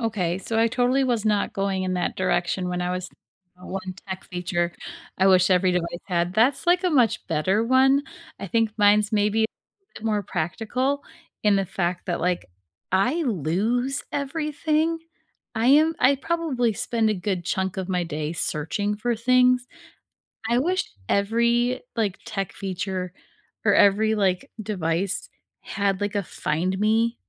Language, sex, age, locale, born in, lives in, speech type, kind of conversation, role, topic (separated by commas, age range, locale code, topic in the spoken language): English, female, 35-39, en-US, United States, United States, conversation, unstructured, none, What is one tech feature you wish every device had?